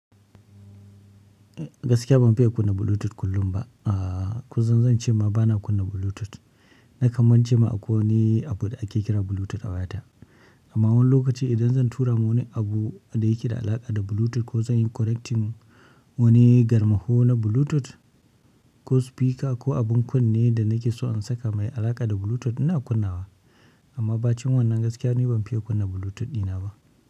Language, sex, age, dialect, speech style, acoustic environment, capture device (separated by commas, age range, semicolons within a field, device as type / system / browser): Hausa, male, 25-34, Nigeria - Kano, excited, nature, mobile / Linux / Chrome